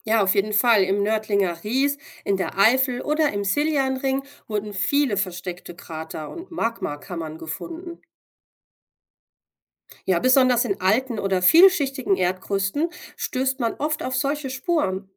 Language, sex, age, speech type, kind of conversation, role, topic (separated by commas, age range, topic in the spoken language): German, female, 40-44, read, expert-assistant, expert, Sind Meteoriteneinschläge oder Vulkanausbrüche entscheidender für die Gestaltung der Erdoberfläche gewesen?